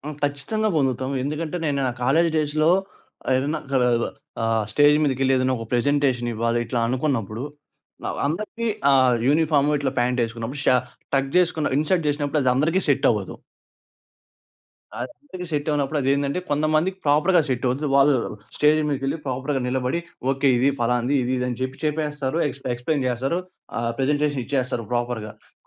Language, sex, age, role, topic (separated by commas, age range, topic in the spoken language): Telugu, male, 20-24, guest, మీ దుస్తులు లేదా ఆభరణాలు మీ గురించి ఏదైనా కథ చెబుతున్నాయా?